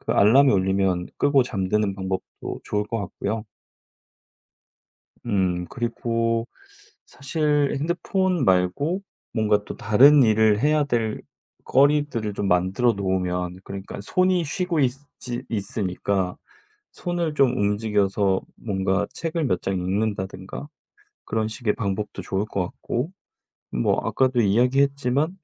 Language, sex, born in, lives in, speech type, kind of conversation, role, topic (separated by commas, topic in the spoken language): Korean, male, South Korea, South Korea, conversation, podcast, guest, 자기 전에 전자기기 사용을 줄이려면 어떻게 해야 하나요?